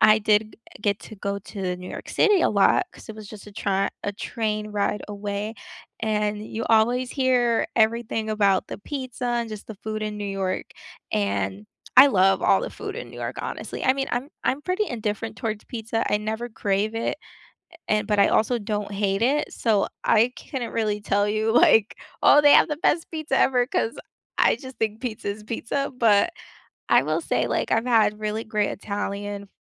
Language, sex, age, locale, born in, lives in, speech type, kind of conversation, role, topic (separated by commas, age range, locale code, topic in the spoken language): English, female, 25-29, en-US, United States, United States, conversation, unstructured, none, What is the most unforgettable street food you have tried while traveling, and what is the story behind it?